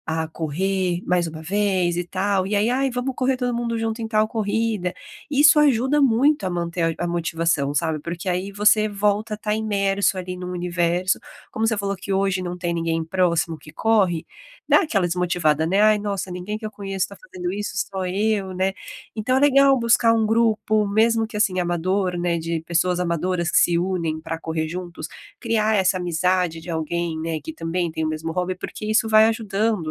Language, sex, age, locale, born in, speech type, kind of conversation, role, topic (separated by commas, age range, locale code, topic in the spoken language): Portuguese, female, 30-34, pt-BR, Brazil, conversation, advice, advisor, Como posso manter a motivação depois de um retrocesso?